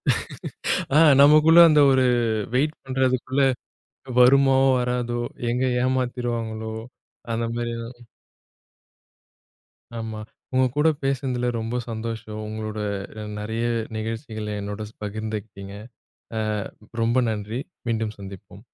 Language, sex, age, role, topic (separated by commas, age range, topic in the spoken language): Tamil, male, 30-34, host, சமூக வலைதளங்களில் மற்றவர்களுடன் உங்களை ஒப்பிட்டு மனம் பாதிக்கப்படும்போது நீங்கள் என்ன செய்வீர்கள்?